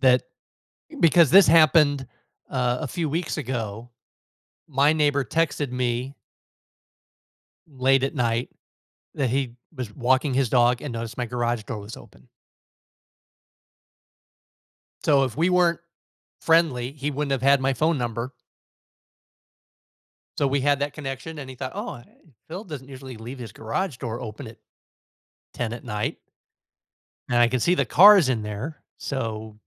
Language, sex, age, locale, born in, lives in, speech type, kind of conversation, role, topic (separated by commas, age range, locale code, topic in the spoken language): English, male, 55-59, en-US, United States, United States, conversation, podcast, host, What helps people feel like they truly belong when joining a new community?